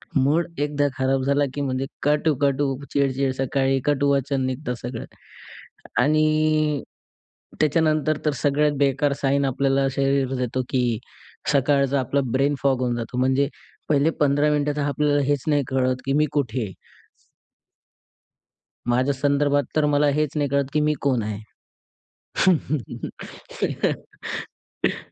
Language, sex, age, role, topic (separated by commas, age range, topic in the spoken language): Marathi, male, 20-24, guest, तुला कसं कळतं की तुला पुरेशी झोप मिळालीय का?